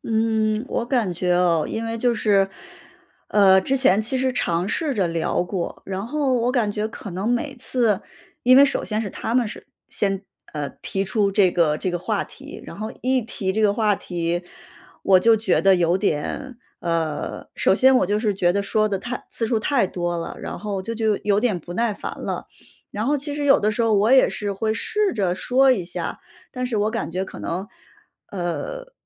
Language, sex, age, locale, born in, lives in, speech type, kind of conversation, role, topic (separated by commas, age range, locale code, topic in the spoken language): Chinese, female, 35-39, zh-CN, China, United States, conversation, advice, user, 我该如何在家庭中的性别角色期待与自己的个人选择之间取得平衡？